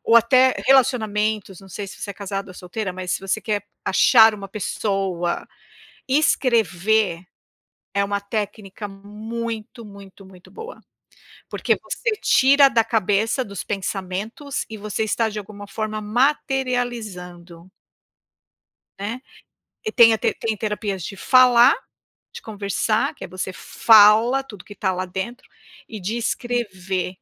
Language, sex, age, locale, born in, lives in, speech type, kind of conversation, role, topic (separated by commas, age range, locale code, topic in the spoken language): Portuguese, female, 55-59, pt-BR, Brazil, United States, conversation, unstructured, none, Qual foi a coisa mais injusta que já aconteceu com você?